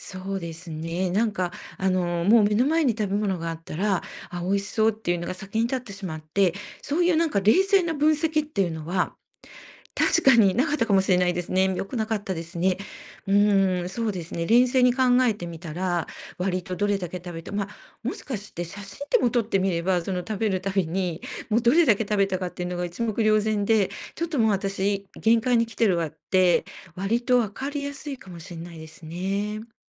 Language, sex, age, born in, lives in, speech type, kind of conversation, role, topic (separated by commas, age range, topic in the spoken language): Japanese, female, 60-64, Japan, Japan, conversation, advice, user, 食後に満腹かどうかを見極めるには、どうすればよいですか？